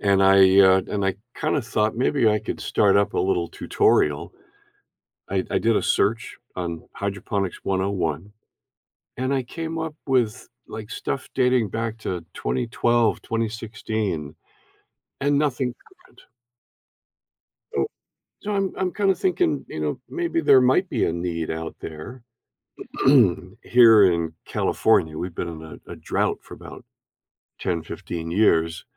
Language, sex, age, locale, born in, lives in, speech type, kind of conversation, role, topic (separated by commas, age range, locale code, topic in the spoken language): English, male, 70-74, en-US, Canada, United States, conversation, advice, user, How do I get started with a new hobby when I'm unsure which one to pick?